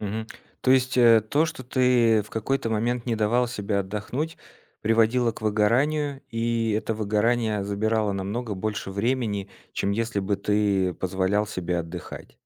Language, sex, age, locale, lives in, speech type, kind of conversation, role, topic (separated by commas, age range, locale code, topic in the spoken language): Russian, male, 30-34, ru-RU, Estonia, conversation, podcast, host, Как ты находишь мотивацию учиться сейчас?